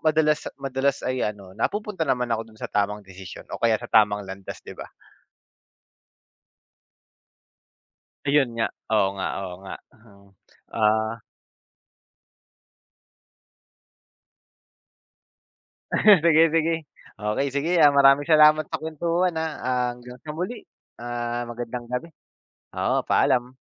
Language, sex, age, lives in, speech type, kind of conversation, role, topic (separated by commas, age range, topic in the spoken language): Filipino, male, 30-34, Philippines, conversation, unstructured, none, Paano nakakatulong ang mga kaibigan sa paghubog ng sarili mo?